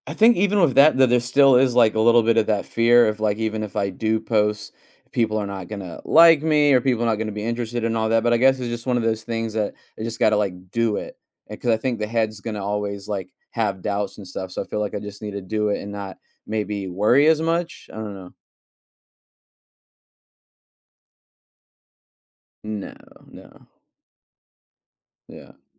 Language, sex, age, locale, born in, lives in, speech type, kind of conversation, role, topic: English, male, 30-34, en-US, United States, United States, conversation, advice, user, How can I stop fear of failure from keeping me from trying new things?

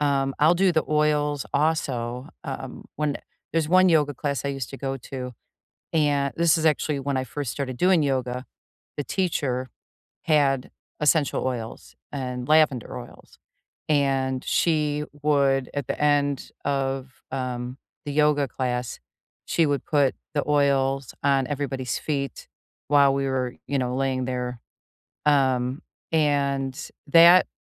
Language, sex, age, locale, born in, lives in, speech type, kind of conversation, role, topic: English, female, 55-59, en-US, United States, United States, conversation, unstructured, none, What small daily habit has recently brightened your days, and why does it help you?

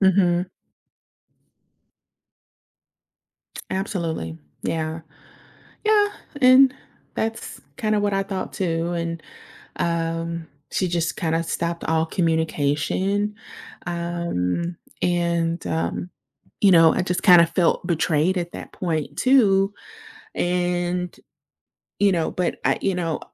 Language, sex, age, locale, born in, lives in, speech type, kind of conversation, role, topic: English, female, 30-34, en-US, United States, United States, conversation, advice, user, How do I reconnect with old friends after years apart?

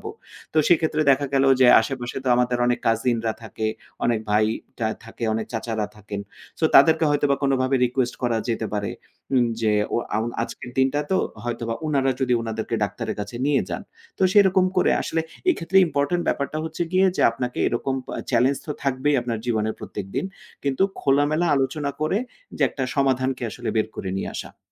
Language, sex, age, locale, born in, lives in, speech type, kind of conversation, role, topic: Bengali, male, 35-39, bn-BD, Bangladesh, Finland, conversation, podcast, guest, কাজ আর পারিবারিক জীবনের মধ্যে ভারসাম্য কীভাবে বজায় রাখেন?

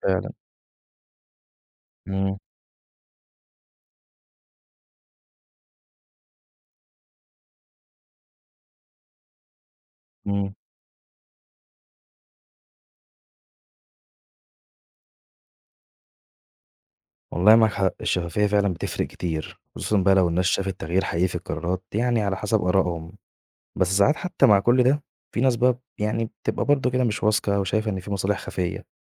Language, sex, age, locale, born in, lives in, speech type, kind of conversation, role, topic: Arabic, male, 20-24, ar-EG, Egypt, Egypt, read, expert-assistant, asker, هل من الصح نضحي بحريات شخصية معينة عشان نحمي صحة المجتمع كله؟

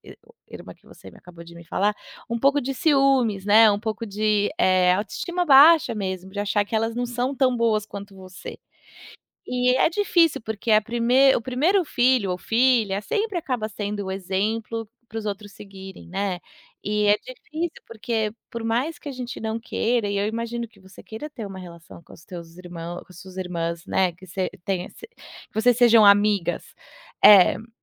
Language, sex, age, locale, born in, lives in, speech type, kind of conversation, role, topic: Portuguese, female, 35-39, pt-BR, Brazil, United States, conversation, advice, advisor, Como lidar com um irmão competitivo que causa ressentimento e comparações constantes?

